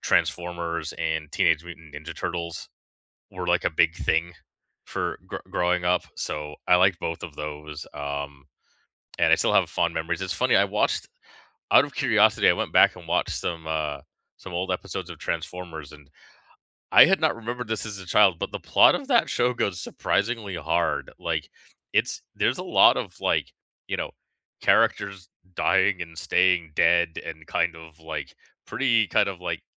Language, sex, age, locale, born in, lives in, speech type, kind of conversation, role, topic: English, male, 40-44, en-CA, Canada, Canada, conversation, unstructured, none, Which childhood cartoons still hold up for you today, and why do they still resonate?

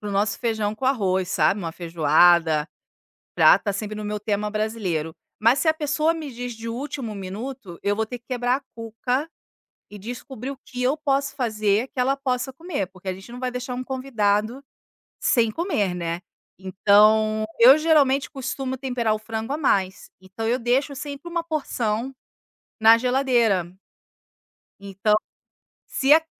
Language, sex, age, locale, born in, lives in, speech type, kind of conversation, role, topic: Portuguese, female, 40-44, pt-BR, Brazil, Italy, conversation, podcast, guest, Qual prato você gosta de preparar para convidados?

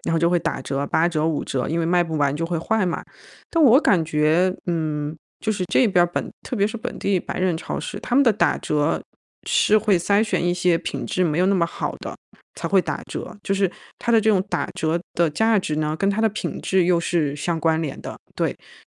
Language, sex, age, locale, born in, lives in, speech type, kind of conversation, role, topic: Chinese, female, 35-39, zh-CN, China, United States, conversation, advice, user, 预算有限时，怎样才能吃得健康又省钱？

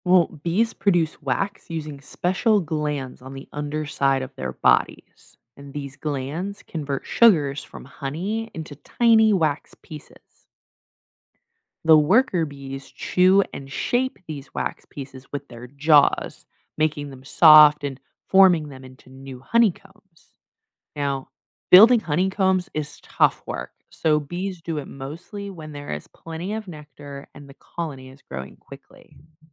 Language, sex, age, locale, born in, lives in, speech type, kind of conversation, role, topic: English, female, 25-29, en-US, United States, United States, read, expert-assistant, expert, How do honeybees make honey?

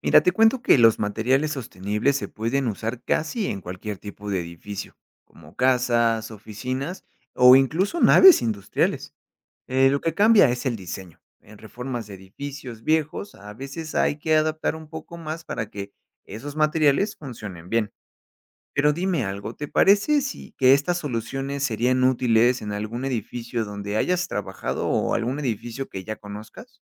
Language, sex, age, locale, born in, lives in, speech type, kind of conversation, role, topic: Spanish, male, 30-34, es-MX, Mexico, Mexico, read, expert-assistant, expert, ¿Cómo puede la arquitectura sostenible reducir el consumo de energía?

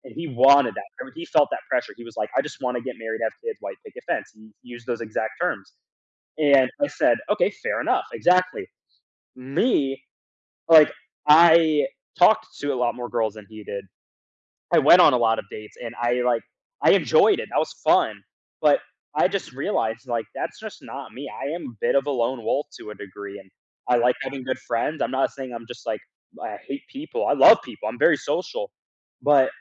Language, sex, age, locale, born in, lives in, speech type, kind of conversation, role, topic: English, male, 20-24, en-US, United States, United States, conversation, podcast, guest, What helps you feel confident when making important career choices?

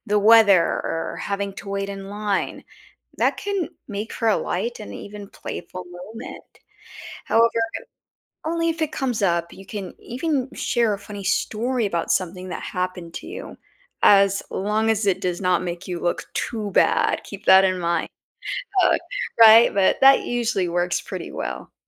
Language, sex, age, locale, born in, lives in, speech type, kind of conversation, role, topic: English, female, 30-34, en-US, United States, United States, read, expert-assistant, expert, What are some ways to keep a conversation going when it stalls?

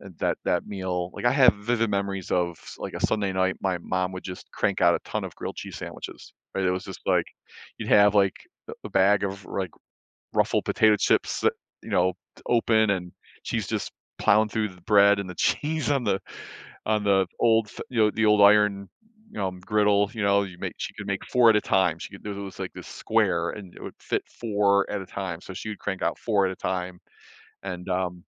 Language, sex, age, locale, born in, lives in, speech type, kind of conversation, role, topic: English, male, 55-59, en-US, United States, United States, conversation, podcast, guest, How do shared experiences and memories make certain meals more meaningful?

